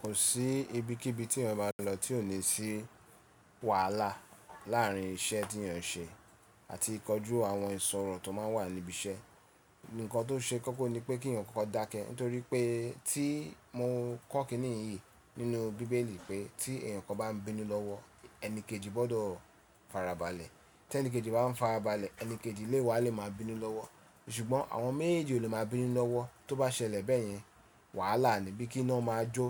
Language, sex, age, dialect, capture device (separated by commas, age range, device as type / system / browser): Yoruba, male, 35-44, Nigeria - Lagos Yoruba, mobile / Linux / Chrome